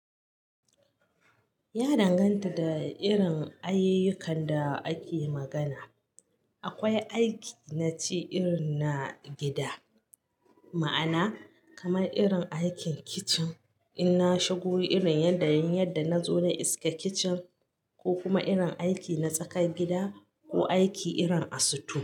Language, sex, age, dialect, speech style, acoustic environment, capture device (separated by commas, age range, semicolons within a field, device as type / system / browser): Hausa, female, 35-44, Nigeria - Katsina, happy; anxious; nervous; excited; focused, silence; nature; appliances, mobile / Linux / Chrome